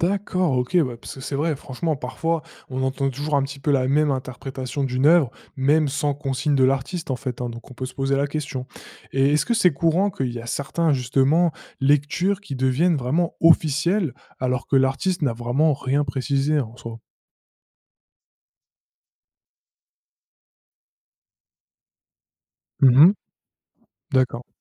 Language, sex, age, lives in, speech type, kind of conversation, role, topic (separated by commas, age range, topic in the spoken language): French, male, 20-24, France, read, expert-assistant, asker, Quand tu lis plusieurs avis sur une œuvre d’art, comment tu t’y prends pour te faire ta propre idée ?